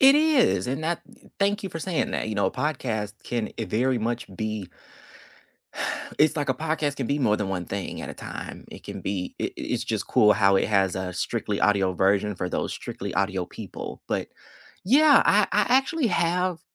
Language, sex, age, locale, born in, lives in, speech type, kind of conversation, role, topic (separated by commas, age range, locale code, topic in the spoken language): English, male, 25-29, en-US, United States, United States, conversation, unstructured, none, Which hidden-gem podcasts never leave your queue, and what keeps you coming back to them?